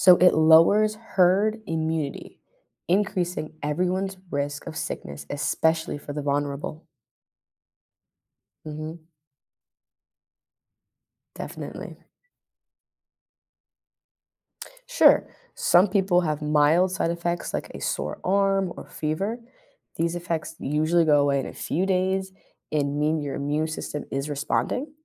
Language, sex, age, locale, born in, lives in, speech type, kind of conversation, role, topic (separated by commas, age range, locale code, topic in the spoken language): English, female, 20-24, en-US, United States, United States, read, expert-assistant, expert, How do vaccines work to protect against diseases?